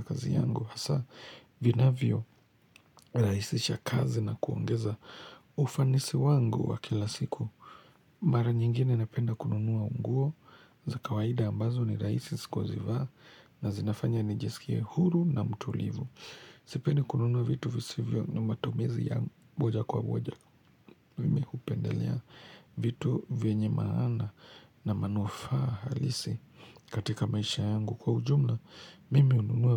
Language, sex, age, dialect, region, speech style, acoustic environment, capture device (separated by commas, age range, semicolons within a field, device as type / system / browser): Swahili, male, 25-34, Kenya - Nairobi Sheng-influenced, Kenya, relaxed, silence, mobile / Linux / Chrome